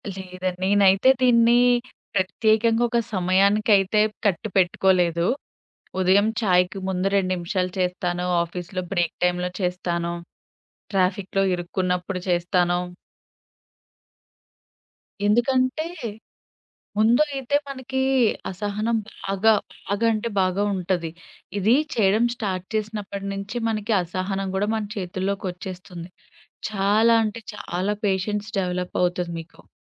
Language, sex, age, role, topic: Telugu, female, 25-29, guest, కొద్ది నిమిషాల మైండ్‌ఫుల్‌నెస్ సాధన మీ జీవితంలో ఎలాంటి మార్పులు తీసుకొచ్చింది?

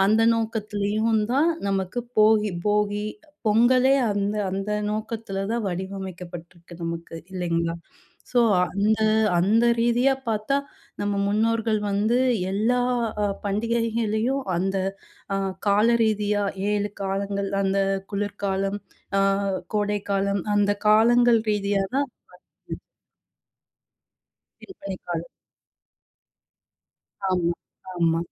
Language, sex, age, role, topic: Tamil, female, 30-34, host, ஏழு திருவிழாக்கள் பருவங்களுடன் எவ்வாறு தொடர்புபடுகின்றன?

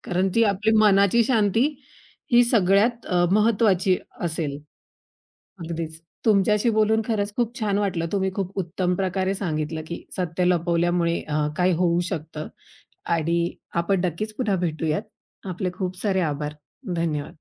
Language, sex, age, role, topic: Marathi, female, 30-34, host, सामाजिक दबावामुळे तुम्ही कधी सत्य लपवता का?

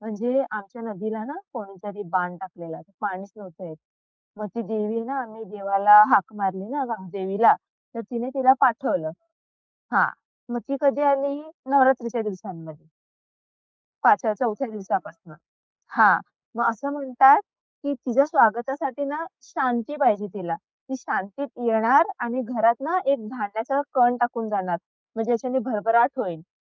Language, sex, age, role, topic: Marathi, female, 25-29, guest, घरात, विशेषतः रात्री, शांतता कशी राखता?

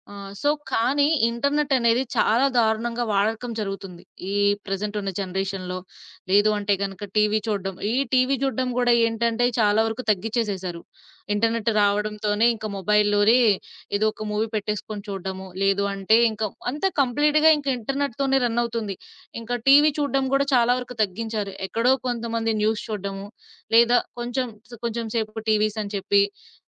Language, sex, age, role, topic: Telugu, female, 25-29, guest, ఇంటర్నెట్ వచ్చిన తర్వాత మీరు టీవీ చూడే అలవాటు ఎలా మారింది?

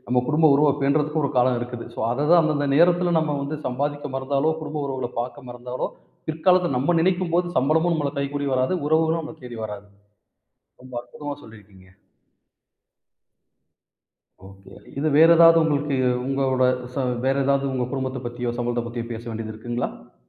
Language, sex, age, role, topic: Tamil, male, 40-44, host, சம்பளத்தை மட்டும் வைத்து வெற்றியை நிர்ணயிக்க முடியுமா?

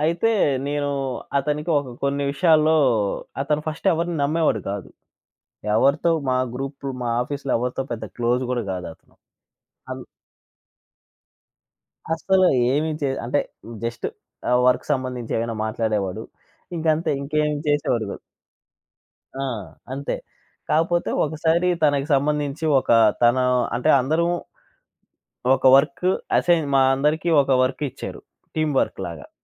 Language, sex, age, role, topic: Telugu, male, 25-29, guest, కలిసి పనిచేసే వారితో నమ్మకాన్ని మీరు ఎలా నిర్మిస్తారు?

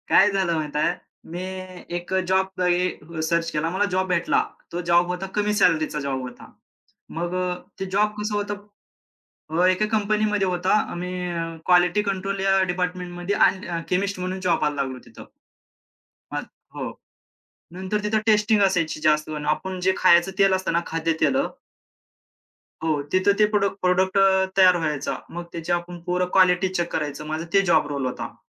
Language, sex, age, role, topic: Marathi, male, 25-29, guest, एखाद्या अजनबीने दिलेला कोणता सल्ला तुम्हाला उपयोगी पडला होता?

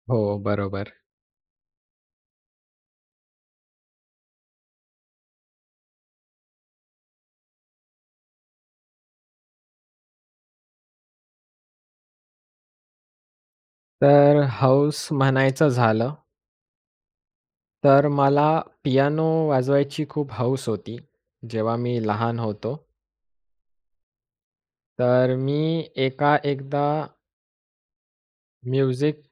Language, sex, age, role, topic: Marathi, male, 20-24, guest, नवी हौस सुरू करण्यासाठी नेमकी कुठून आणि कशी सुरुवात करावी, यासाठी तुमच्या कोणत्या टिप्स आहेत?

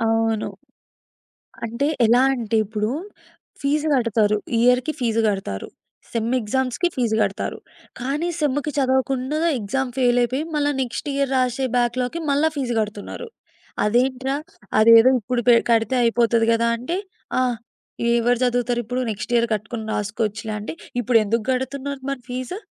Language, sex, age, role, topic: Telugu, female, 20-24, guest, ఫోకస్‌లోకి రావడానికి మీకు ప్రత్యేకంగా చేసే చిన్న అలవాటు ఏమైనా ఉందా?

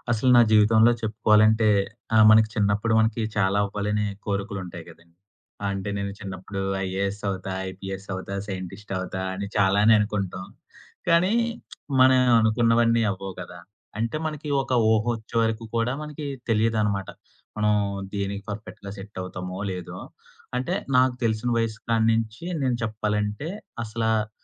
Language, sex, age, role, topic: Telugu, male, 25-29, guest, మీ కెరీర్‌లో మీ ప్రధాన ఆశయం ఏమిటి?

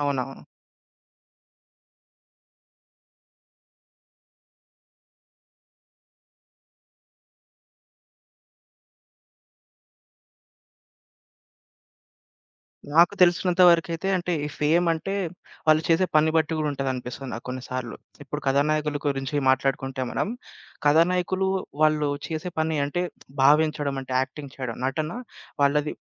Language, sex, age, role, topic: Telugu, male, 25-29, guest, ఖ్యాతిని దీర్ఘకాలం నిలబెట్టేది ఏమిటి?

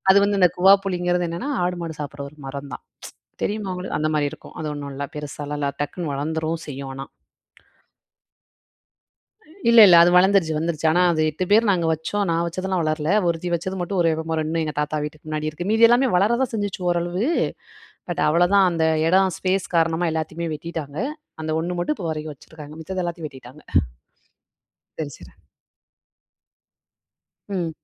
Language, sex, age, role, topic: Tamil, female, 25-29, guest, வனங்களும் மரங்களும் நமக்கு எவ்வளவு முக்கியம் என்பதை விளக்க முடியுமா?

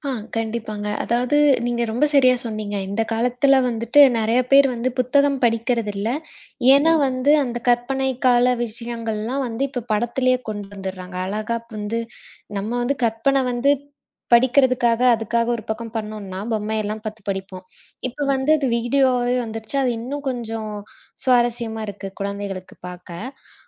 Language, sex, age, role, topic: Tamil, female, 25-29, guest, சிறுவயதில் உங்களுக்கு மிகவும் பிடித்த புத்தகம் எது? அதைப் பற்றி சொல்ல முடியுமா?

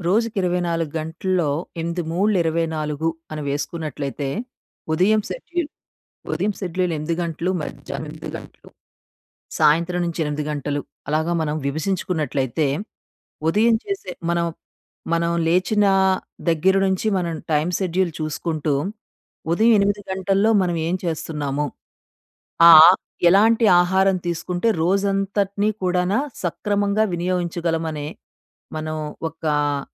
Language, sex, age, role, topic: Telugu, female, 40-44, guest, బిజీ రోజుల్లో మీ ఆరోగ్యాన్ని ఎలా నిలబెట్టుకుంటారు?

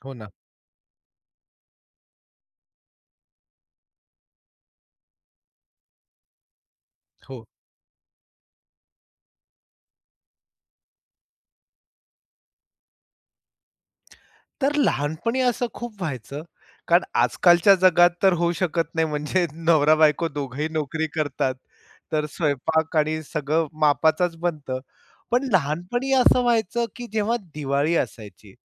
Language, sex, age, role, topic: Marathi, male, 45-49, guest, सणात उरलेलं अन्न पुढे तुम्ही कसं वापरता?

